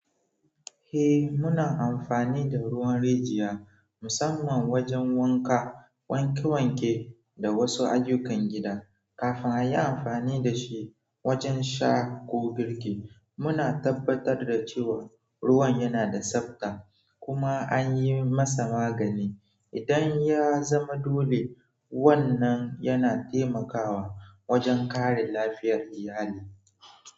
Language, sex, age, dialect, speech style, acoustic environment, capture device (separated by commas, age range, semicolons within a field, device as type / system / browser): Hausa, male, 18-24, Nigeria - Nigerian Standard, focused; relaxed, people talking; nature, mobile / Android / Chrome